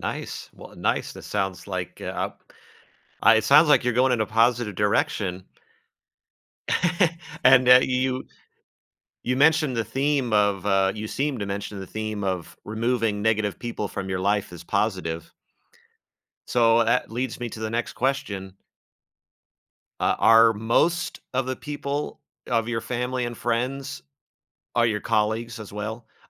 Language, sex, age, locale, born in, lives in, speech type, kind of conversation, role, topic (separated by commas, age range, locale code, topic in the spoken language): English, male, 40-44, en-US, United States, United States, conversation, podcast, host, How do you approach goals that challenge and inspire you at the same time?